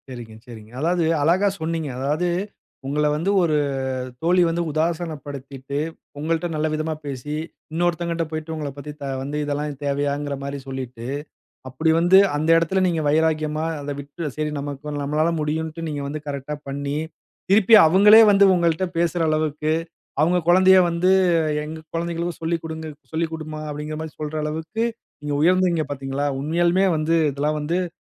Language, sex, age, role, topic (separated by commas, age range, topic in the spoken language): Tamil, male, 35-39, host, பிறரின் கருத்து உங்கள் படைப்பை பாதிக்கிறதா?